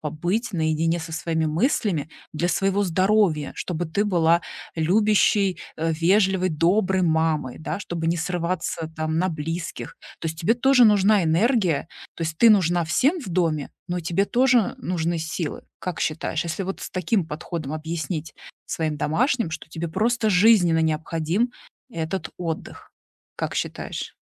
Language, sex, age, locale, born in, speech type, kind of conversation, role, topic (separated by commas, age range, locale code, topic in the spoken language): Russian, female, 40-44, ru-RU, Russia, conversation, advice, advisor, Как найти баланс между отдыхом и делами в выходные?